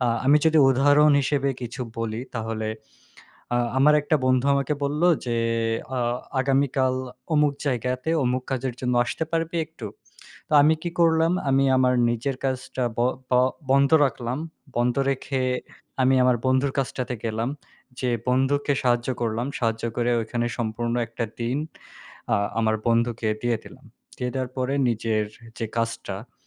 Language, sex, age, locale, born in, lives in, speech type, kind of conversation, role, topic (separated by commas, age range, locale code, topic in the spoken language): Bengali, male, 20-24, bn-BD, Bangladesh, Bangladesh, conversation, unstructured, none, আপনি আপনার আত্মবিশ্বাস বাড়াতে কোন কোন উপায় অনুসরণ করতে চান?